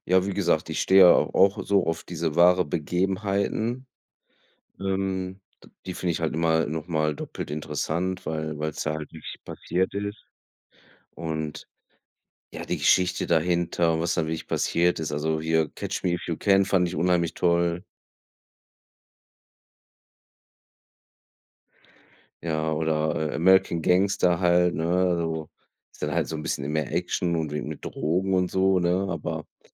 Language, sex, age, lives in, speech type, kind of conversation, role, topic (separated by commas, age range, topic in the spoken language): German, male, 35-39, Germany, conversation, unstructured, none, Wie beeinflussen Filme deine Stimmung?